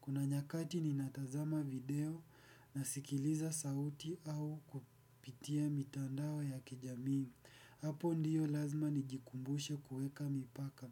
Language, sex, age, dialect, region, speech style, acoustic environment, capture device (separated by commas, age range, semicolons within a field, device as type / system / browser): Swahili, male, 18-24, Kenya - Nairobi Sheng-influenced, Kenya, focused, appliances, desktop / Windows / Edge